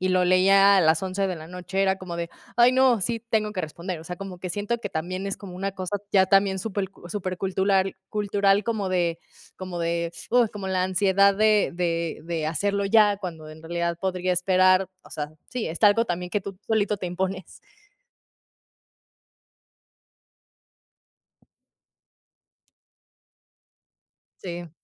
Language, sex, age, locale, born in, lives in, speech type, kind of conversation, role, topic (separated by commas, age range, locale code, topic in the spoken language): Spanish, female, 30-34, es-MX, Mexico, Mexico, conversation, unstructured, none, ¿Te molesta que te pidan responder correos o mensajes fuera del horario laboral?